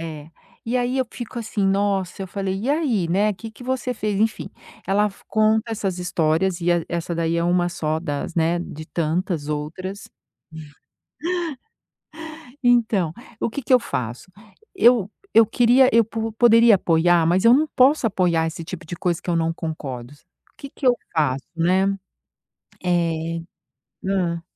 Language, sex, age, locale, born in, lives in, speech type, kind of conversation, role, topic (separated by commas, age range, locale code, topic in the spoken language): Portuguese, female, 50-54, pt-BR, Brazil, United States, conversation, advice, user, Como posso ouvir um amigo desabafar sem julgá-lo?